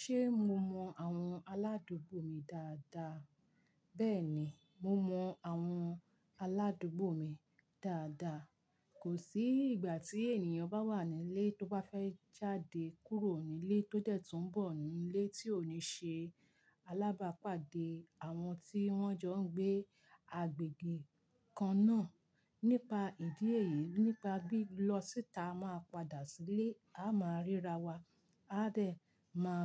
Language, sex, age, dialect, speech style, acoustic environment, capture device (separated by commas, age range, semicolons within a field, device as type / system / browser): Yoruba, female, 45-54, Nigeria - Ibadan, relaxed, people talking; silence, mobile / Linux / Chrome